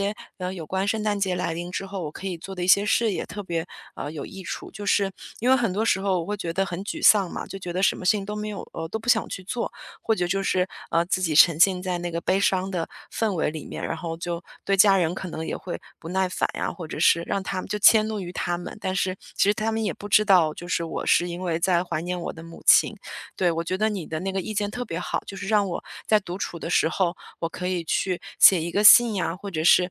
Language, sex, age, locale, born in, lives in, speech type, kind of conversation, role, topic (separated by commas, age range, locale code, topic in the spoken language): Chinese, female, 35-39, zh-CN, China, United States, conversation, advice, user, 我该如何放下对未来落空的期待，重新振作并继续前行？